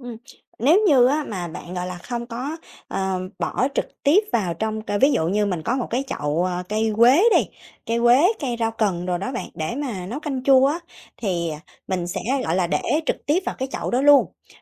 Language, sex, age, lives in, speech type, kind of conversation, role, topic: Vietnamese, female, 30-34, Vietnam, conversation, podcast, guest, Làm thế nào để ủ phân compost đơn giản tại nhà?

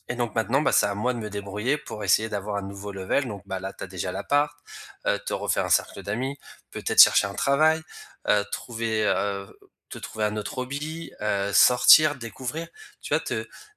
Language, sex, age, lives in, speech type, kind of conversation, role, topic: French, male, 35-39, France, conversation, advice, advisor, Comment vivez-vous votre déménagement dans une nouvelle ville, loin de vos proches ?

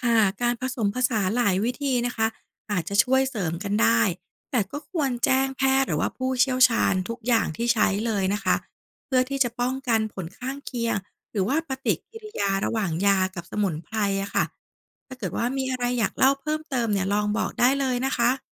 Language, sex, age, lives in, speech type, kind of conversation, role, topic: Thai, female, 40-44, Thailand, read, expert-assistant, expert, เมื่อเผชิญกับปัญหาความวิตกกังวล ควรเลือกใช้วิธีการรักษาแบบตะวันตก (ยา, จิตบำบัด) หรือแนวทางดั้งเดิมของไทย (สมาธิ, สมุนไพร, ศิลปะบำบัด) ดีกว่ากัน?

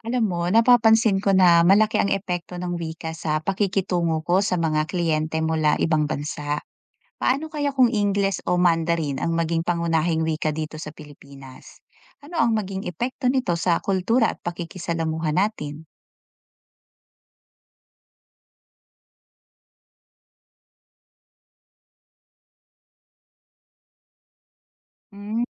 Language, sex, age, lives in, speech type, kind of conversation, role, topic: Filipino, female, 40-44, Philippines, read, expert-assistant, asker, Paano kung biglang nagpalit ng pangunahing wika ang Pilipinas—halimbawa, naging Ingles o Mandarin? Anong mangyayari sa ating kultura, pagkakakilanlan, at komunikasyon sa ibang bansa?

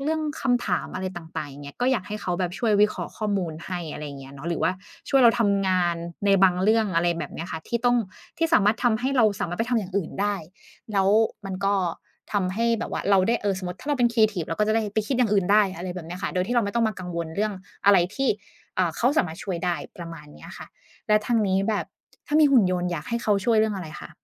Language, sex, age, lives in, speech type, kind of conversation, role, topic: Thai, female, 30-34, Thailand, conversation, unstructured, none, ถ้าคุณมีหุ่นยนต์ คุณอยากให้มันช่วยอะไรบ้าง?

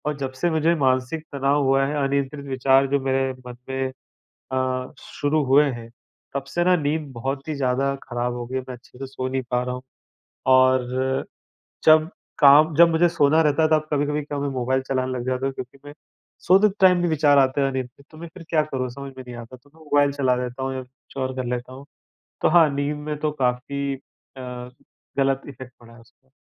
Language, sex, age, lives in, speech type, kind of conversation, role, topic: Hindi, male, 35-39, India, conversation, advice, user, मेरे दिमाग में बार-बार अनियंत्रित चिंतित विचार आ रहे हैं—अभी मैं क्या करूँ?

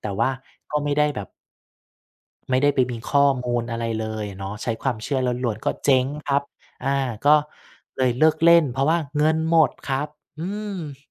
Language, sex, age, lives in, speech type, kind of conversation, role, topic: Thai, male, 35-39, Thailand, conversation, podcast, guest, คุณเคยเชื่อสัญชาตญาณแล้วตัดสินใจผิดพลาดไหม และคิดว่าเกิดจากอะไร?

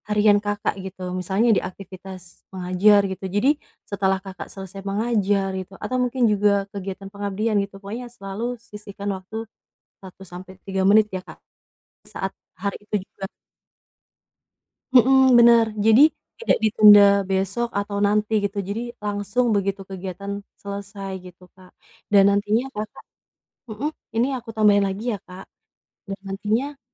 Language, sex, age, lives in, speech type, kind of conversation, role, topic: Indonesian, female, 25-29, Indonesia, conversation, advice, advisor, Bagaimana saya bisa lebih konsisten mempertahankan kebiasaan mendokumentasikan proses kreatif setiap hari?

